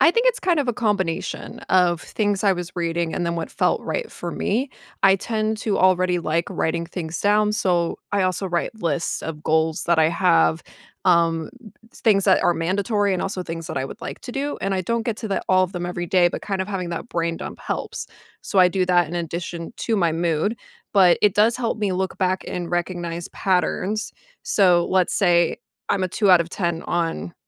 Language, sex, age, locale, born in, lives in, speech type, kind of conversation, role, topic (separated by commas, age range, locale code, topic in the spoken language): English, female, 30-34, en-US, United States, United States, conversation, podcast, guest, How can we make stress management a natural part of our everyday routines?